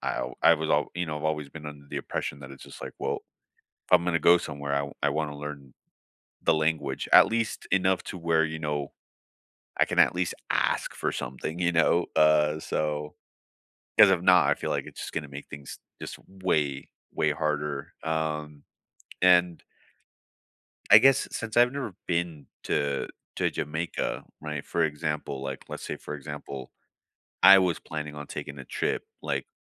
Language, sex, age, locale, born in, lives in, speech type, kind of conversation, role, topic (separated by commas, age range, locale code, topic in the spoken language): English, male, 30-34, en-US, United States, United States, conversation, unstructured, none, Which neighborhoods felt like home on your first visit, and what instantly won you over?